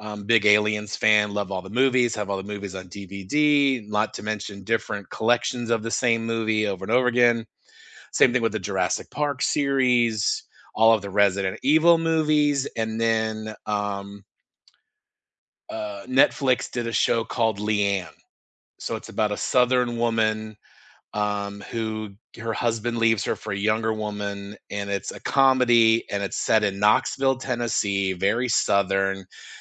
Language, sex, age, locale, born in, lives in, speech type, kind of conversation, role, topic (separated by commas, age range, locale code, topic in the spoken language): English, male, 50-54, en-US, United States, United States, conversation, unstructured, none, Which comfort TV shows do you rewatch, and what memories or feelings make them never get old?